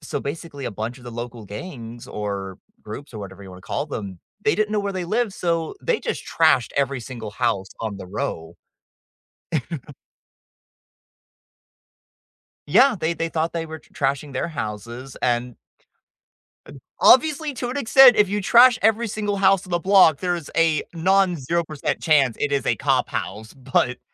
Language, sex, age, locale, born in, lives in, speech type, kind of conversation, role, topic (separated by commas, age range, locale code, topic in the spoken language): English, male, 20-24, en-US, United States, United States, conversation, unstructured, none, Why do some people get angry when they lose at a hobby?